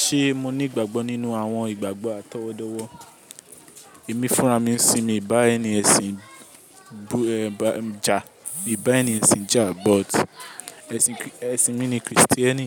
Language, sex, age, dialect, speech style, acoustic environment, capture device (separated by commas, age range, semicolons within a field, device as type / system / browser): Yoruba, male, 18-24, Nigeria - Lagos, relaxed, people talking; music; nature; appliances, mobile / Linux / Chrome